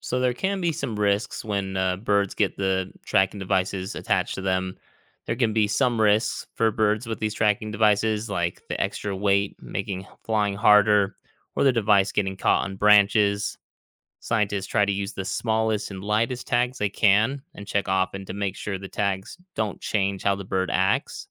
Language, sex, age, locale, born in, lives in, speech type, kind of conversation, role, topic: English, male, 35-39, en-US, United States, United States, read, expert-assistant, expert, How do birds know where to migrate?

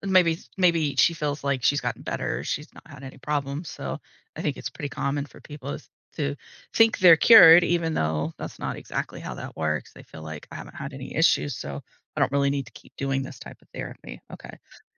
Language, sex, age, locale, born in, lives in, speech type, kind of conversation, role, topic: English, female, 40-44, en-US, United States, United States, conversation, advice, advisor, How can I prepare financially and emotionally for my first baby?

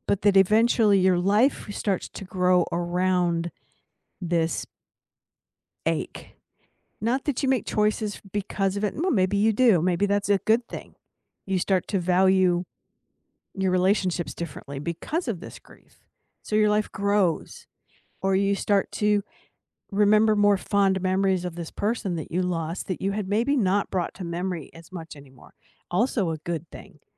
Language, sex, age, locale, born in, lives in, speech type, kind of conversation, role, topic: English, female, 60-64, en-US, United States, United States, conversation, unstructured, none, What do you think people misunderstand most about grief?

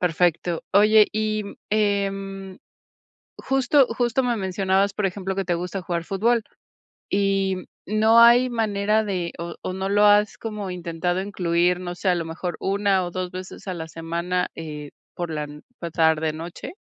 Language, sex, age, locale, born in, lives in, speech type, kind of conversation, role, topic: Spanish, female, 40-44, es-MX, Mexico, Mexico, conversation, advice, advisor, ¿Cómo puedo equilibrar el trabajo con mis aficiones sin sentir culpa?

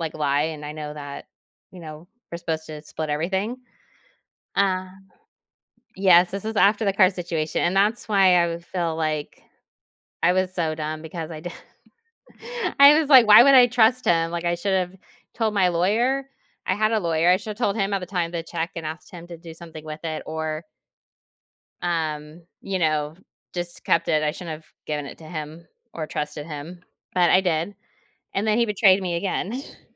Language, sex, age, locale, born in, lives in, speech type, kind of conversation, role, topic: English, female, 40-44, en-US, United States, United States, conversation, advice, user, How can I cope with and respond to a friend's betrayal?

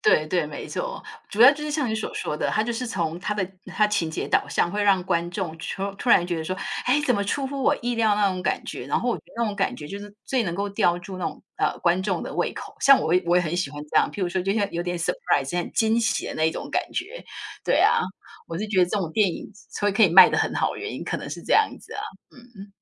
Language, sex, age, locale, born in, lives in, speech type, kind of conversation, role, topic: Chinese, female, 50-54, zh-TW, Taiwan, United States, conversation, unstructured, none, 你觉得什么类型的电影最吸引你？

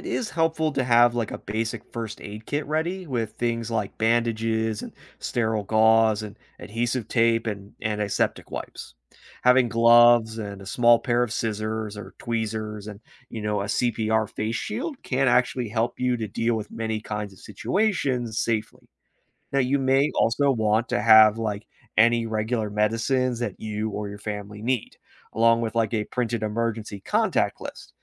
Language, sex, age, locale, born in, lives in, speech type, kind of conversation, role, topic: English, male, 30-34, en-US, United States, United States, read, expert-assistant, expert, How can learning first aid save lives?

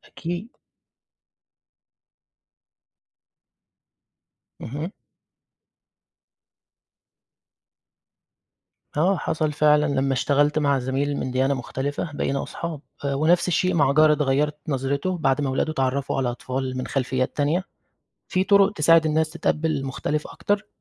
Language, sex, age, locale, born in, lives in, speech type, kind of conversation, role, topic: Arabic, male, 20-24, ar-EG, Egypt, Egypt, read, expert-assistant, asker, في ناس بتقول إن كل الأديان بتتكلم عن التسامح والسلام، هل ده مظبوط ولا في اختلافات كبيرة؟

